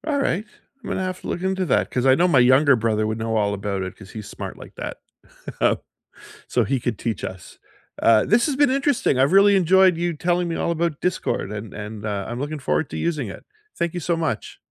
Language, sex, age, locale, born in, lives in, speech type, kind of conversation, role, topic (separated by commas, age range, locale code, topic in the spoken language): English, male, 55-59, en-CA, Canada, United States, conversation, unstructured, none, What small everyday joys brighten your week?